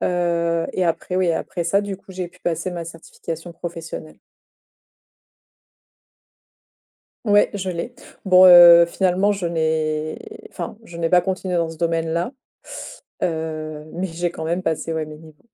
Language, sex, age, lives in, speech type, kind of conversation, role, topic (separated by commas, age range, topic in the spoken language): French, female, 30-34, France, conversation, podcast, guest, Quel nouveau loisir as-tu commencé récemment ?